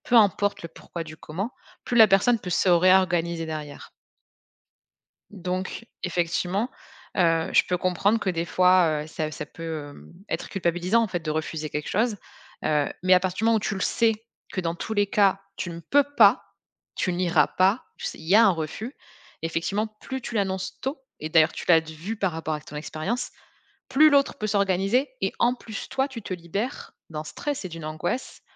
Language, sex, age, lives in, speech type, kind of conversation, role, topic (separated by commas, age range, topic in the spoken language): French, female, 30-34, France, conversation, advice, advisor, Comment puis-je apprendre à dire non et à fixer des limites personnelles ?